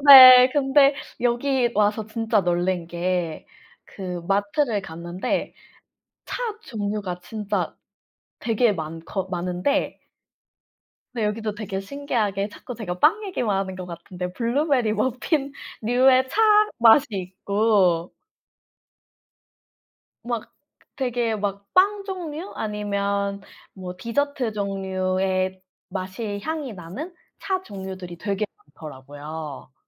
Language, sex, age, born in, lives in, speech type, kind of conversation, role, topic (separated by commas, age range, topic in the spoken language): Korean, female, 25-29, South Korea, Germany, conversation, unstructured, none, 커피와 차 중 어느 쪽을 더 좋아하시나요?